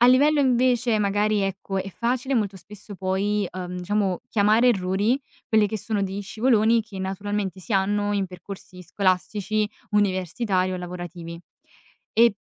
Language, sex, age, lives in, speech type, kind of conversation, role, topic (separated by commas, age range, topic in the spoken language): Italian, female, 20-24, Italy, conversation, podcast, guest, Che ruolo hanno gli errori nel processo di apprendimento, secondo te?